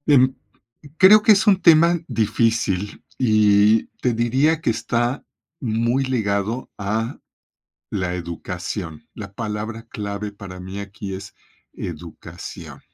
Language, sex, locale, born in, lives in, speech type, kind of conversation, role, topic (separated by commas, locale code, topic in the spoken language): Spanish, male, es-MX, Mexico, Mexico, conversation, podcast, guest, ¿Cómo construyes una buena red de contactos?